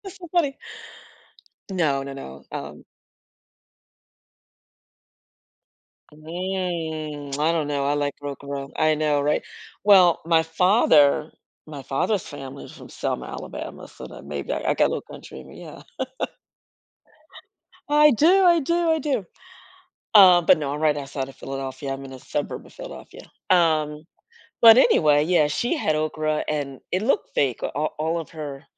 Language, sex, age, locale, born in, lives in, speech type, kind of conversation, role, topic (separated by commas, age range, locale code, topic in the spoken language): English, female, 55-59, en-US, United States, United States, conversation, advice, user, How can I reach out to an old friend after years apart without making it awkward?